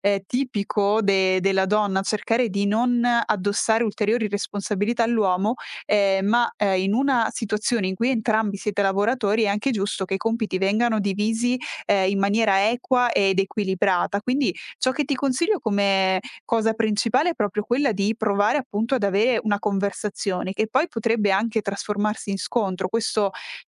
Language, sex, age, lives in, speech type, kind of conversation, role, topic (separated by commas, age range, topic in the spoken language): Italian, female, 25-29, Italy, conversation, advice, advisor, Come posso gestire un disaccordo con il mio partner sulle abitudini alimentari in casa?